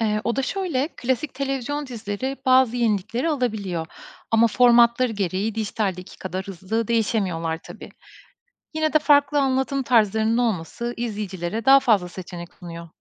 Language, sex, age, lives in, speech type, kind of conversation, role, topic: Turkish, female, 35-39, Estonia, read, expert-assistant, expert, Dijital yayın platformlarının artması, geleneksel televizyonun değerini azaltıyor mu? Bu değişimin riskleri neler?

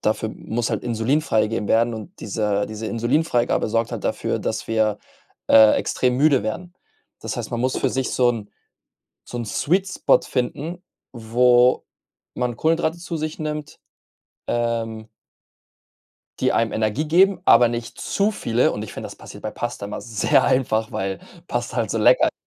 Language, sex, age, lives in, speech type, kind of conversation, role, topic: German, male, 25-29, Spain, conversation, advice, advisor, Welche Schwierigkeiten hast du dabei, tagsüber kurze Nickerchen zur Erholung zu machen?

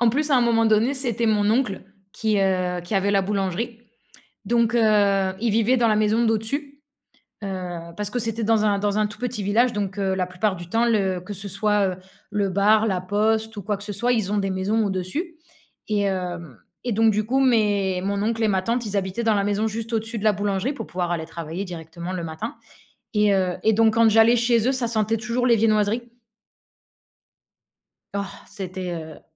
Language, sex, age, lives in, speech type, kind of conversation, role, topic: French, female, 25-29, Spain, conversation, unstructured, none, As-tu une odeur qui te rappelle un moment spécial ?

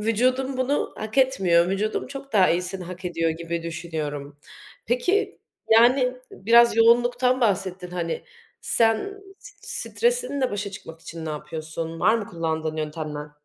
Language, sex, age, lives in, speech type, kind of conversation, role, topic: Turkish, female, 25-29, Germany, conversation, unstructured, none, Kendine iyi bakmak için neler yaparsın?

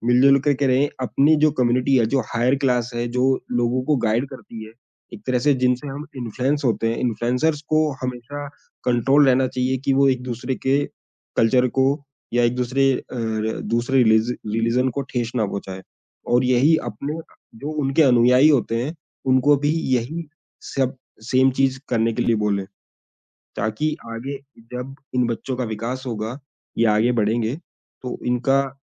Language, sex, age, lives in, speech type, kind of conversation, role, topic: Hindi, male, 35-39, India, conversation, podcast, guest, Diversity wali community mein unity kaise banaaye rakhte ho?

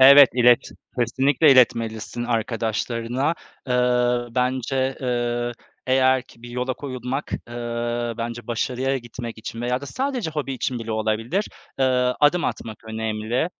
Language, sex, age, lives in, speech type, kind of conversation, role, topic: Turkish, male, 35-39, Poland, conversation, unstructured, none, Spor yaparken çevrenden gelen olumsuz yorumların üstesinden nasıl gelebilirsin?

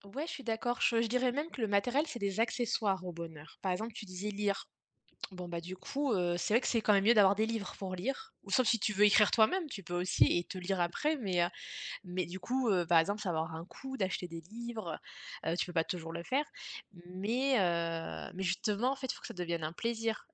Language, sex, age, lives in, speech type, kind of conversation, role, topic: French, female, 35-39, Germany, conversation, unstructured, none, Qu’est-ce que le bonheur pour toi ?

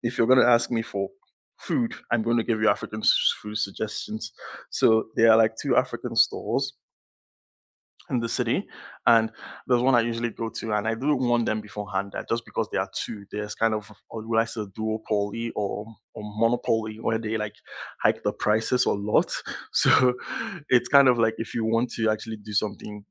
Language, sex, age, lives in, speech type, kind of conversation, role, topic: English, male, 20-24, Canada, conversation, unstructured, none, Which local food markets would you recommend to visitors?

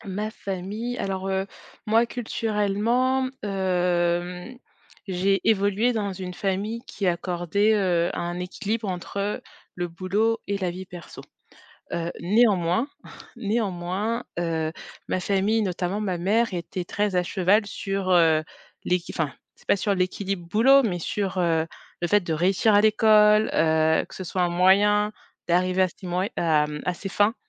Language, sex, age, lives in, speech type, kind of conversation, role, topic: French, female, 35-39, France, conversation, podcast, guest, Quelle place ta famille accorde-t-elle à l’équilibre entre le travail et la vie personnelle ?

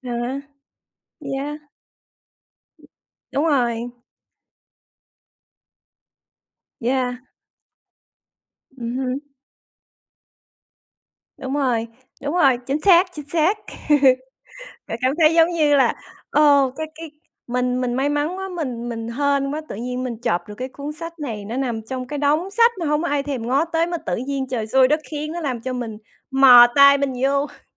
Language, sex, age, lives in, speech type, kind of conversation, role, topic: Vietnamese, female, 40-44, United States, conversation, unstructured, none, Bạn cân nhắc những yếu tố nào khi chọn một cuốn sách để đọc?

